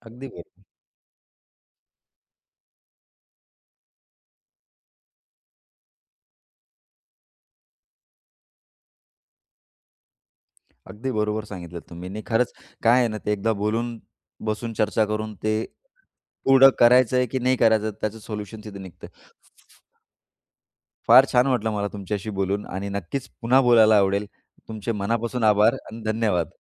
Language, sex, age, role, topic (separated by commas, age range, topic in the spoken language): Marathi, male, 35-39, host, विषारी नात्यासाठी मर्यादा कशा ठरवता आणि कायम ठेवता?